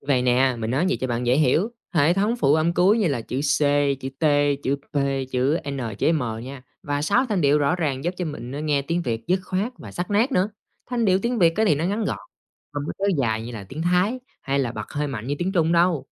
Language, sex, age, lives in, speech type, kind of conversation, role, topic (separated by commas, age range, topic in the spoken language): Vietnamese, male, 30-34, Vietnam, read, expert-assistant, expert, Nhiều người nói tiếng Việt giống tiếng Thái hoặc tiếng Trung, nhưng thật ra tiếng Việt gần với ngôn ngữ nào nhất? Có gì gây nhầm lẫn trong chuyện này?